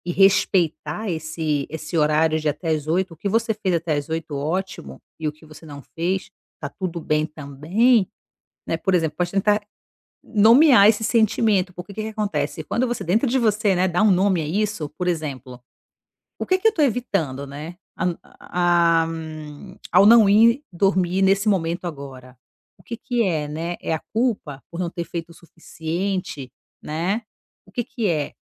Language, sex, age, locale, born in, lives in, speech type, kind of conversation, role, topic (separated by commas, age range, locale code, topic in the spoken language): Portuguese, female, 35-39, pt-BR, Brazil, Italy, conversation, advice, advisor, Como posso manter um horário de sono mais regular?